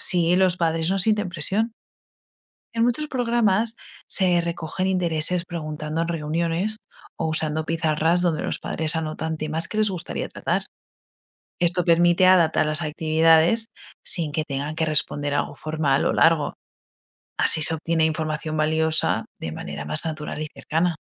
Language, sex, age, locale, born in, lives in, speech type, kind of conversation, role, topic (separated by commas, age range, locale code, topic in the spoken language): Spanish, female, 25-29, es-ES, Spain, United States, read, expert-assistant, expert, ¿Cómo podemos mejorar el apoyo y la motivación en familias vulnerables dentro de programas sociales?